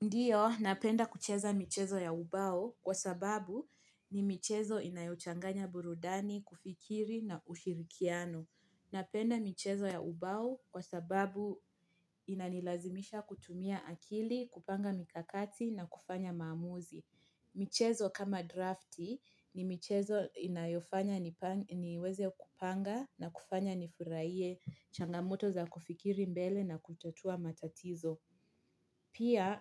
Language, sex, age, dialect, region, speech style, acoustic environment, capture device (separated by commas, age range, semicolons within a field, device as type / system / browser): Swahili, female, 25-34, Kenya - Mombasa, Kenya, relaxed, nature, mobile / Linux / Chrome